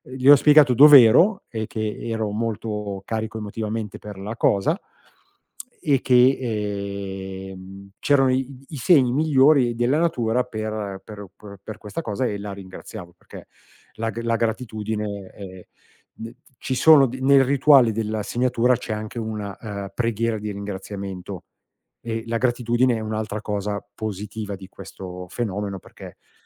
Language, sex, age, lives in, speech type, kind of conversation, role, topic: Italian, male, 50-54, Italy, conversation, podcast, guest, Quali sono le tradizioni della tua famiglia?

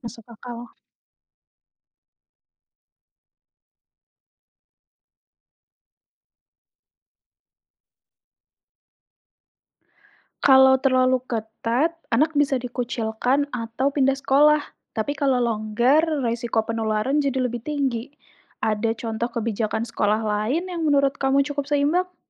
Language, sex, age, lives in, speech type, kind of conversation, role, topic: Indonesian, female, 30-34, Indonesia, read, expert-assistant, asker, Bagaimana perasaanmu tentang aturan wajib vaksinasi? Apakah itu adil untuk semua orang?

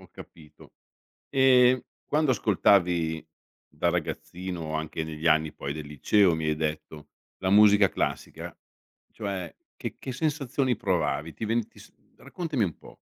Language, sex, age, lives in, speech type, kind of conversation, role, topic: Italian, male, 55-59, Italy, conversation, podcast, host, Come è cambiato il tuo gusto musicale negli anni?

